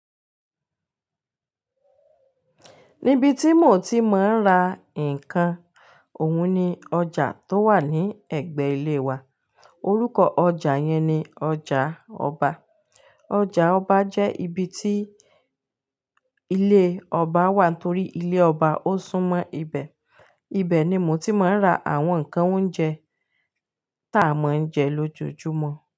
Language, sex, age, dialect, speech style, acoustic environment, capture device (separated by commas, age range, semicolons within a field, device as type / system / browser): Yoruba, female, 35-44, Nigeria - Ibadan, happy, silence, mobile / Linux / Chrome